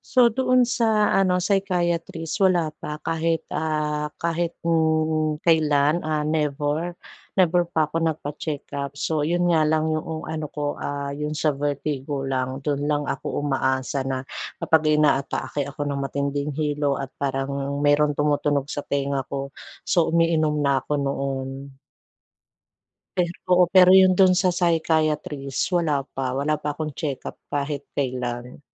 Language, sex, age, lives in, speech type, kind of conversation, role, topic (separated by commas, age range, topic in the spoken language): Filipino, female, 40-44, Philippines, conversation, advice, user, Paano ko haharapin ang mga biglaang problema habang naglalakbay?